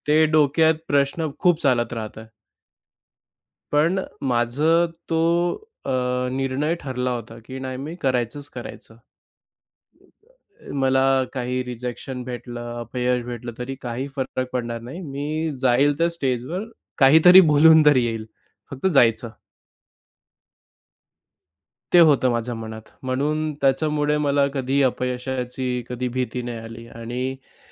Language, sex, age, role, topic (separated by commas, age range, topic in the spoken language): Marathi, male, 25-29, guest, तुमची आत्मशिक्षणाची सुरुवात कशी झाली?